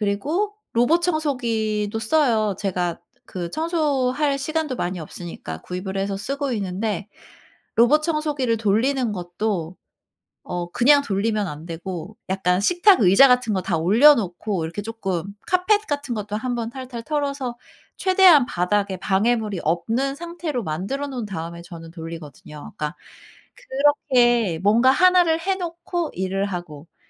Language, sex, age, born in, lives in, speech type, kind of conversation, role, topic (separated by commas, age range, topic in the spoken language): Korean, female, 40-44, South Korea, South Korea, conversation, advice, user, 업무와 개인 시간의 균형을 잡기 어려운 이유는 무엇인가요?